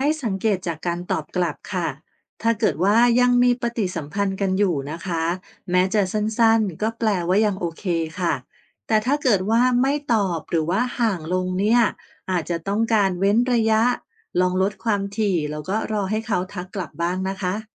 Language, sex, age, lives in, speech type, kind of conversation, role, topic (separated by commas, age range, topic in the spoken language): Thai, female, 45-49, Thailand, read, expert-assistant, expert, ถ้าอยากรักษามิตรภาพกับเพื่อนเก่าที่ห่างกันไป ควรจัดสรรเวลาและวิธีติดต่อกันแบบไหนถึงจะเวิร์ก?